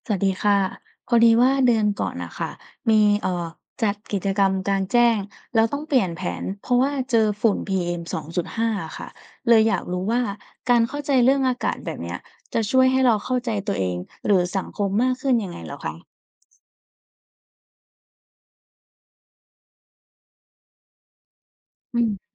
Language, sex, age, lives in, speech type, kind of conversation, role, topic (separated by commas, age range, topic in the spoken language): Thai, female, 20-24, Thailand, read, expert-assistant, asker, คุณคิดว่าความรู้เรื่องอากาศช่วยให้เราเข้าใจตัวเองและสังคมได้มากขึ้นไหม? เพราะอะไร?